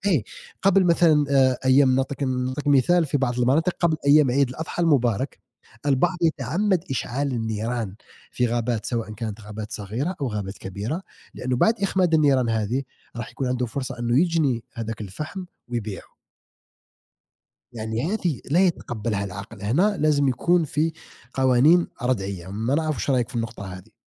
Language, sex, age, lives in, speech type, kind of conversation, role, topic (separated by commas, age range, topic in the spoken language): Arabic, male, 30-34, United States, conversation, unstructured, none, إيه رأيك في حرائق الغابات وتأثيرها على الكوكب؟